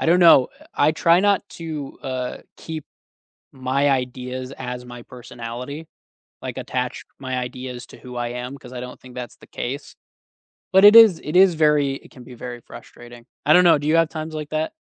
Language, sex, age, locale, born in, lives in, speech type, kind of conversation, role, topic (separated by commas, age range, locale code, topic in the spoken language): English, male, 30-34, en-US, United States, United States, conversation, unstructured, none, How do you handle situations when you feel treated unfairly?